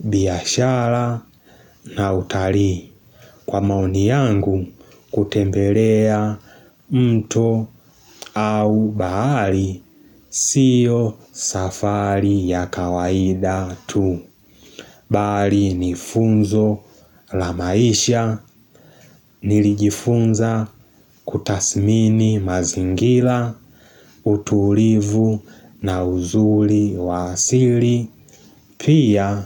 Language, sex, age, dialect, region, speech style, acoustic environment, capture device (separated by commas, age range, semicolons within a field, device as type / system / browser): Swahili, male, 18-24, Tanzania - Dar es Salaam, Tanzania, excited; focused; happy, nature; silence, mobile / Linux / Chrome